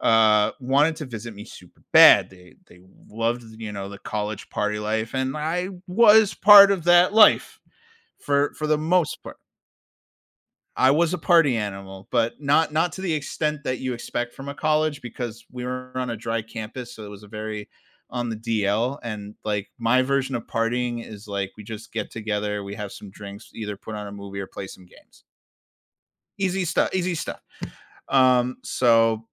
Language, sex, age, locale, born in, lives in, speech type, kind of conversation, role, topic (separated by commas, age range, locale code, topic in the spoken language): English, male, 30-34, en-US, United States, United States, conversation, podcast, guest, How do friendships endure and grow stronger over the years?